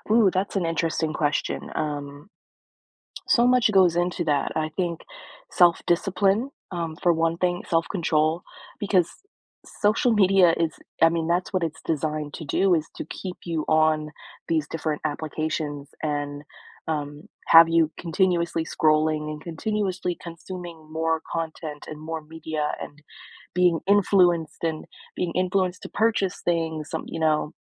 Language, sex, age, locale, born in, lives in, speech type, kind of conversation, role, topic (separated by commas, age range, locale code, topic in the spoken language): English, female, 25-29, en-US, United States, United States, conversation, podcast, guest, How can we create positive habits and boundaries with social media in our daily lives?